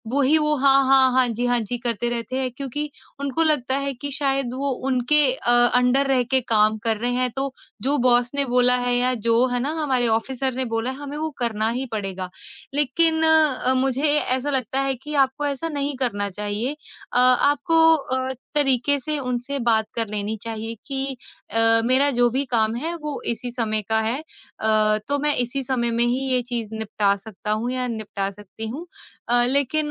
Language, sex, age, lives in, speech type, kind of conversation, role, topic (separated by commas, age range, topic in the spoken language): Hindi, female, 25-29, India, conversation, podcast, guest, आप तनाव कम करने के लिए क्या करते हैं?